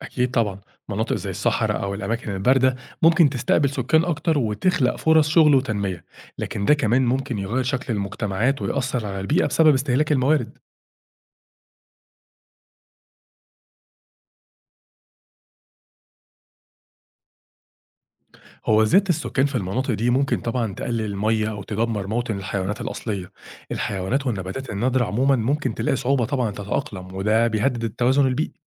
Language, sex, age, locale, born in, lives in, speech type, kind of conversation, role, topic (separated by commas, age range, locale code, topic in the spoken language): Arabic, male, 30-34, ar-EG, Egypt, Egypt, read, expert-assistant, expert, إزاي ممكن جسم الإنسان يتكيّف مع ظروف مناخية جديدة أو قاسية؟ و إيه الاحتمالات اللي ممكن تطوّر من قدراته في المستقبل؟